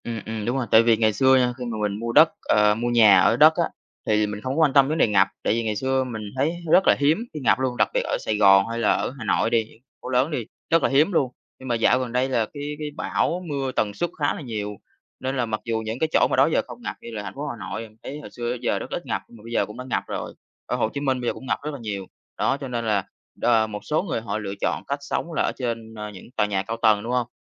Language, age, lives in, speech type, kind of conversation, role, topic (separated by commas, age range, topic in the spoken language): Vietnamese, 20-24, Vietnam, conversation, podcast, host, Khi chọn mua nhà, bạn chú ý điều gì nhất?